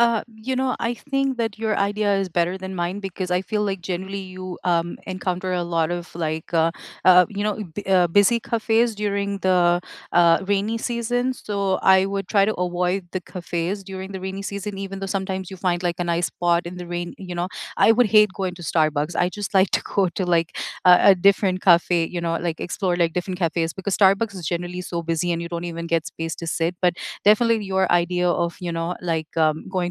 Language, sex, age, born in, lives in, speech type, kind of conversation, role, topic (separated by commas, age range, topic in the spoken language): English, female, 35-39, United States, United States, conversation, unstructured, none, On rainy days, do you prefer to cozy up in a café, wander through a museum, or browse a bookstore, and what makes that choice feel right to you?